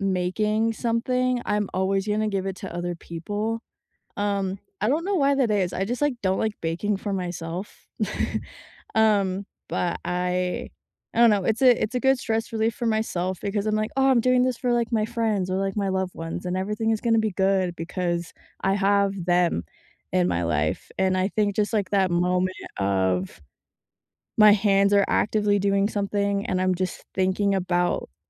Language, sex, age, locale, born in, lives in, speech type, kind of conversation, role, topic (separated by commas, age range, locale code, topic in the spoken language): English, female, 25-29, en-US, United States, United States, conversation, unstructured, none, What are some ways you handle stress in your daily life?